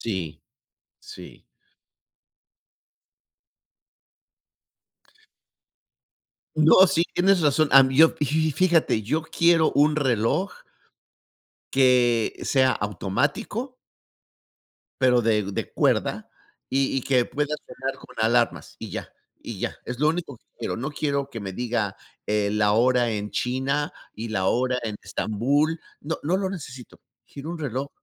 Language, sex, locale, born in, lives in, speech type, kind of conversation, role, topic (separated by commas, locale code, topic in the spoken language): Spanish, male, es-MX, Mexico, United States, conversation, unstructured, none, ¿Crees que los dispositivos inteligentes facilitan o complican la vida?